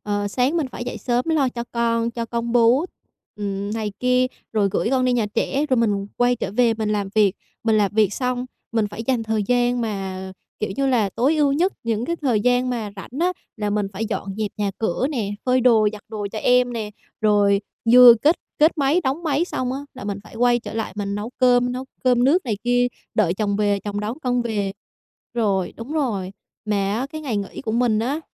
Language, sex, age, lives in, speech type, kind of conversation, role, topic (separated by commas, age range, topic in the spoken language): Vietnamese, female, 25-29, Vietnam, conversation, advice, user, Làm sao để tôi cân bằng nhu cầu cá nhân với gia đình mà vẫn không làm gia đình buồn?